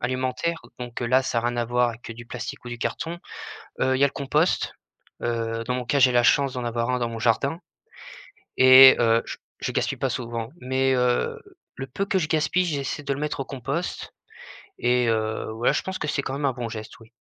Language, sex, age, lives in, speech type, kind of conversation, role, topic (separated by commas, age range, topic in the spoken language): French, male, 20-24, France, conversation, podcast, guest, Comment réduis-tu tes déchets au quotidien ?